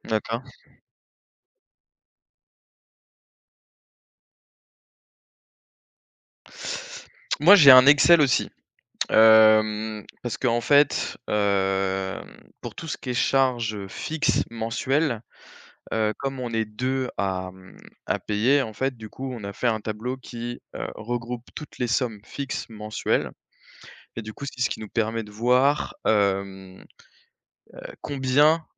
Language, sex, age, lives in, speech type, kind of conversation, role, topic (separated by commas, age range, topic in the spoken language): French, male, 25-29, France, conversation, unstructured, none, Comment gérez-vous votre budget chaque mois ?